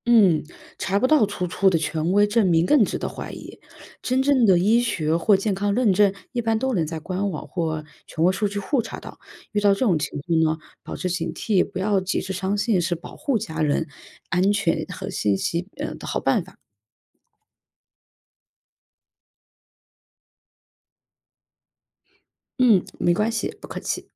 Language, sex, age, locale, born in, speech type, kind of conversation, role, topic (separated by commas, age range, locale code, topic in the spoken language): Chinese, female, 25-29, zh-CN, China, read, expert-assistant, expert, 社交媒体上出现的健康信息，比如某些药物或疗法，如何判断其来源和准确性？